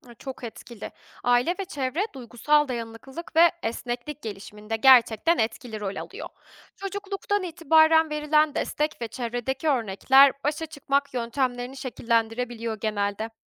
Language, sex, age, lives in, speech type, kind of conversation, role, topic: Turkish, female, 25-29, Germany, read, expert-assistant, expert, Havanın değişkenliği, geleceği tahmin etme isteğimizi nasıl etkiliyor?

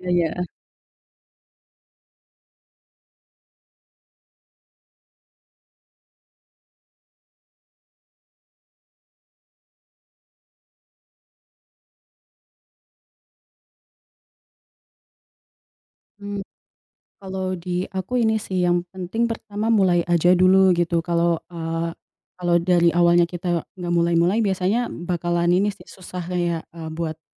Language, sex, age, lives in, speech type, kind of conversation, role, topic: Indonesian, female, 20-24, Indonesia, conversation, unstructured, none, Apa kebiasaan kecil yang membuat hidupmu lebih baik?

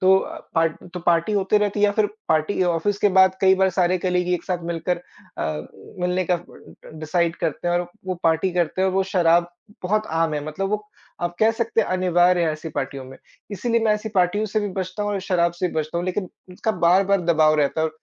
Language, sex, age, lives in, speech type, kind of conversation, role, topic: Hindi, male, 25-29, India, conversation, advice, user, दोस्तों की पार्टियों में जाने और शराब पीने के लिए आप पर कितना दबाव पड़ता है?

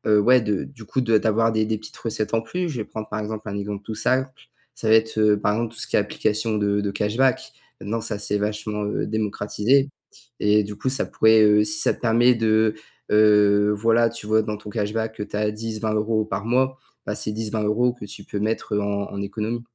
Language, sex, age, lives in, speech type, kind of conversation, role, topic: French, male, 18-19, France, conversation, advice, advisor, Comment vis-tu au jour le jour sans épargne ?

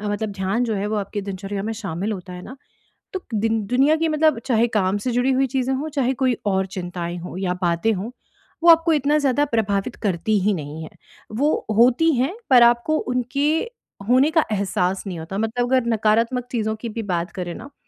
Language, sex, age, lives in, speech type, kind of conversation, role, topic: Hindi, female, 35-39, India, conversation, advice, user, मैं बोरियत महसूस करते हुए ध्यान कैसे बढ़ा सकता/सकती हूँ?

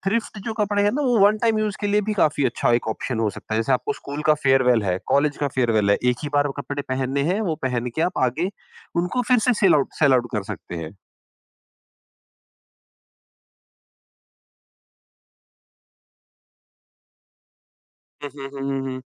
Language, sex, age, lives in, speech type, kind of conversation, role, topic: Hindi, female, 55-59, India, conversation, podcast, host, Tum kapde second-hand lete ho ya naya kharidna pasand karte ho, aur kyun?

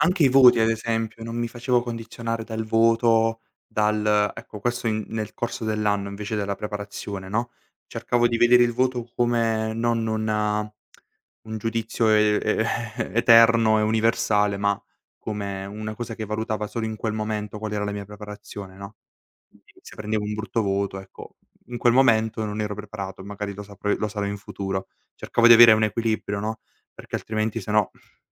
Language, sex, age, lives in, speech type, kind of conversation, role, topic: Italian, male, 18-19, Italy, conversation, podcast, guest, Quali abitudini di studio ti hanno cambiato la vita?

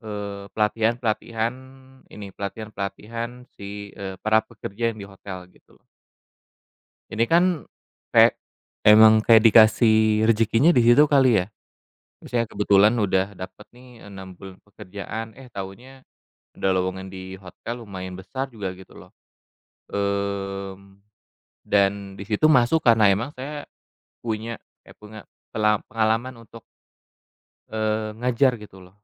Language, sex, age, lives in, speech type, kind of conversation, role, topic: Indonesian, male, 35-39, Indonesia, conversation, podcast, guest, Bisakah kamu menceritakan momen ketika sebuah kebetulan membuka peluang karier untukmu?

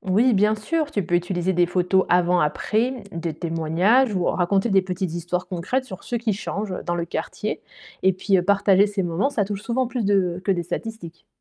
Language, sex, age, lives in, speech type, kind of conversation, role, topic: French, female, 35-39, Germany, read, expert-assistant, expert, Comment savoir si mon engagement dans un mouvement social a un réel impact ?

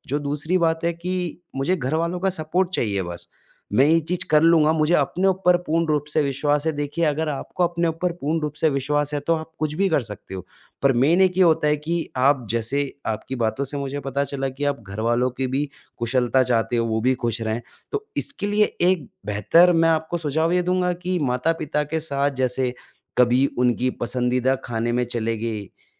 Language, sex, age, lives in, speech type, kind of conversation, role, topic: Hindi, male, 25-29, India, conversation, advice, advisor, माता‑पिता की उम्मीदों का बोझ आपके करियर चुनने के फैसले को कैसे प्रभावित कर रहा है?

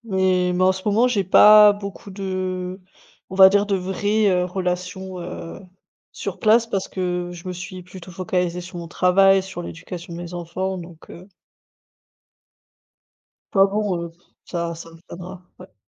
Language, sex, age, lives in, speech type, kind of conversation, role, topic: French, female, 30-34, Germany, conversation, unstructured, none, Quelle est votre approche pour cultiver des relations positives autour de vous ?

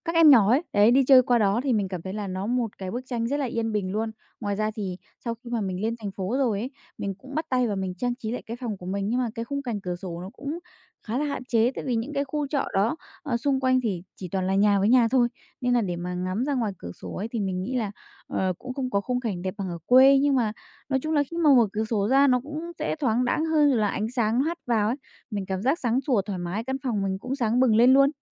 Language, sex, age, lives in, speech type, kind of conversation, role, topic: Vietnamese, female, 20-24, Vietnam, conversation, podcast, guest, Bạn cải tạo phòng như thế nào khi ngân sách hạn chế?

